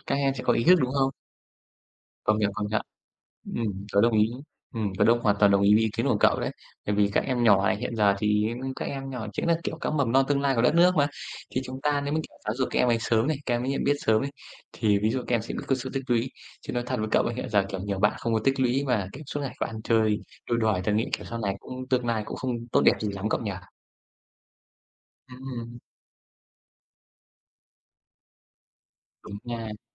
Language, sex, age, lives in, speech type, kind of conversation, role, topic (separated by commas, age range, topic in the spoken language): Vietnamese, male, 25-29, Vietnam, conversation, unstructured, none, Bạn nghĩ sao về việc tiết kiệm tiền từ khi còn trẻ?